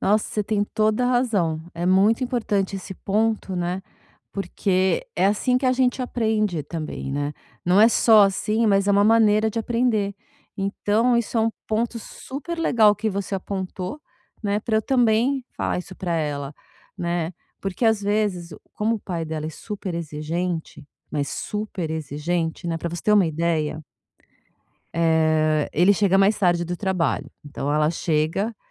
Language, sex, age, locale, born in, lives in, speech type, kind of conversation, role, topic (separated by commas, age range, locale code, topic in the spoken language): Portuguese, female, 50-54, pt-BR, Brazil, France, conversation, advice, user, Como posso aceitar a possibilidade de falhar sem paralisar?